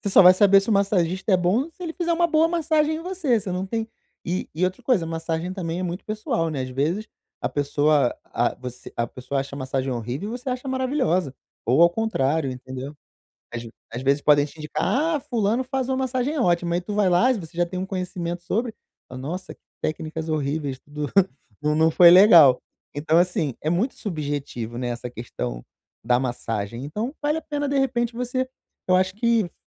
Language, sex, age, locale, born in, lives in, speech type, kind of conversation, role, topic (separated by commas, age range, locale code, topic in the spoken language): Portuguese, male, 35-39, pt-BR, Brazil, Portugal, conversation, advice, advisor, Como posso recuperar a clareza mental depois de um dia cansativo?